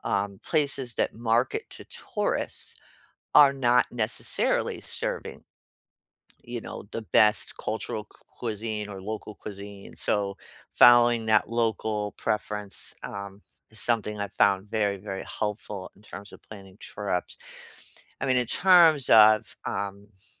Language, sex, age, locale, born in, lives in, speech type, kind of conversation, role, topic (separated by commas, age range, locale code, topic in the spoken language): English, female, 55-59, en-US, United States, United States, conversation, unstructured, none, How can you plan travel that respects the environment and local communities, and which simple choices make the biggest difference?